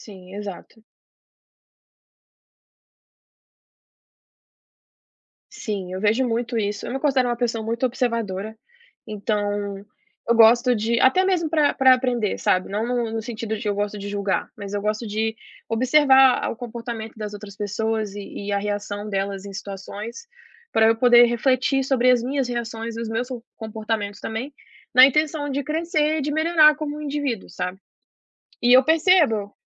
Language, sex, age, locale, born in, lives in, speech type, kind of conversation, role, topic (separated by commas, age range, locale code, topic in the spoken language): Portuguese, female, 25-29, pt-BR, Brazil, United States, conversation, podcast, guest, Como você lida com arrependimentos das suas escolhas?